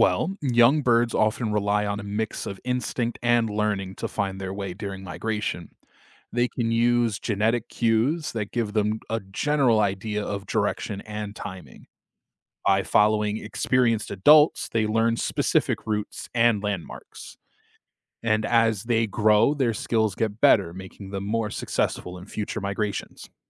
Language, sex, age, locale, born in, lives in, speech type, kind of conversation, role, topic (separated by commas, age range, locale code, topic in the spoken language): English, male, 25-29, en-US, United States, United States, read, expert-assistant, expert, How do birds navigate during migration?